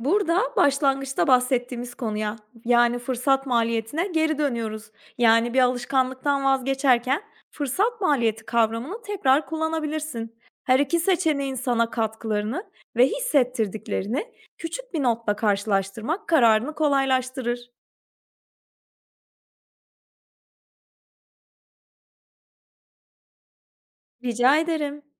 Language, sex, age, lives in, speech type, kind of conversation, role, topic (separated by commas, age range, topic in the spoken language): Turkish, female, 30-34, Portugal, read, expert-assistant, expert, Alışveriş yaparken fırsat maliyeti nedir ve doğru seçim yapmamı nasıl etkiler?